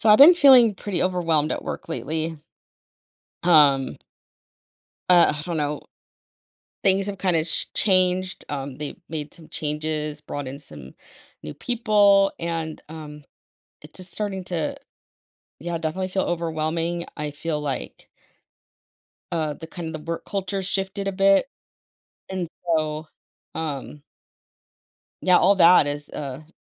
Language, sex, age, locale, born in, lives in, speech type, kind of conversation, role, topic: English, female, 40-44, en-US, United States, United States, conversation, advice, user, How can I manage feeling overwhelmed at work?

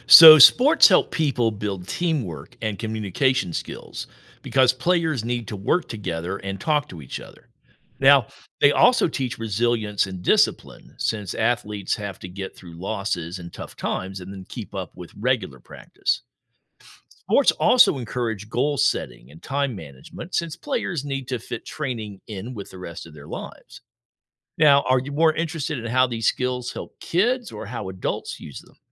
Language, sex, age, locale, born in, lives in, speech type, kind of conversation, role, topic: English, male, 60-64, en-US, United States, United States, read, expert-assistant, expert, How do sports teach important life skills?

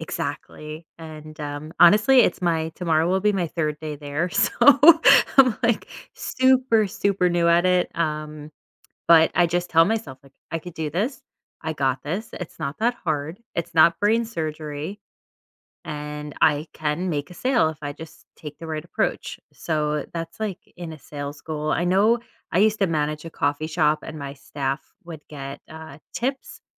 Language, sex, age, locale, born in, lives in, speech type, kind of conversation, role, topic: English, female, 30-34, en-US, United States, United States, conversation, podcast, guest, What helps you maintain your drive and enthusiasm at work over time?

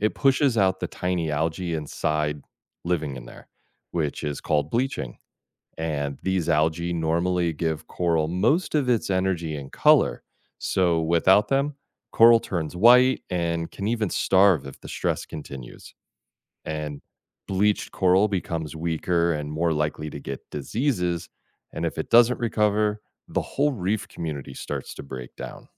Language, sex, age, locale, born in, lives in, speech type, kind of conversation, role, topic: English, male, 40-44, en-US, United States, United States, read, expert-assistant, expert, How do coral reefs support ocean life?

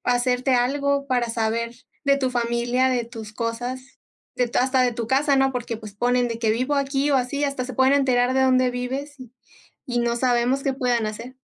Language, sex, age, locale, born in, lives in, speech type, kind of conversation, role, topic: Spanish, female, 25-29, es-MX, Mexico, Mexico, conversation, unstructured, none, ¿Cómo crees que la tecnología afecta nuestra privacidad diaria?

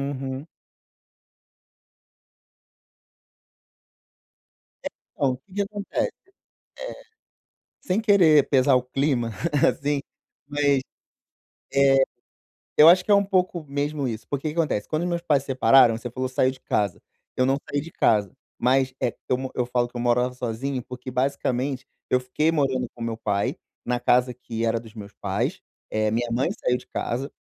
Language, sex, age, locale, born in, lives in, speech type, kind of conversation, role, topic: Portuguese, male, 35-39, pt-BR, Brazil, Portugal, conversation, advice, user, Como posso pedir ajuda com mais facilidade quando percebo padrões negativos na minha vida?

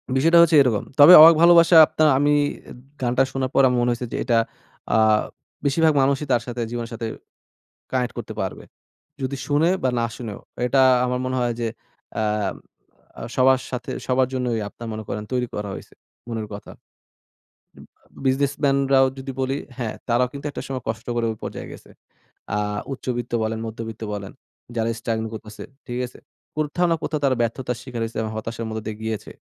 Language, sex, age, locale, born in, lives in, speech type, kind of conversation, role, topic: Bengali, male, 20-24, bn-BD, Bangladesh, Bangladesh, conversation, podcast, guest, কোন গানটি তোমাকে পুরোপুরি বর্ণনা করে?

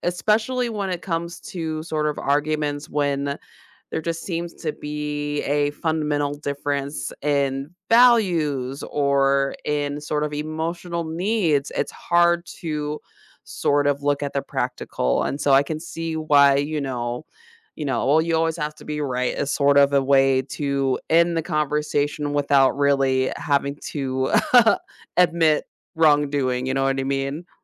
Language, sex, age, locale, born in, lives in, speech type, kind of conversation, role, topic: English, female, 35-39, en-US, United States, United States, conversation, advice, advisor, How can I stop feeling guilty after arguing with my partner?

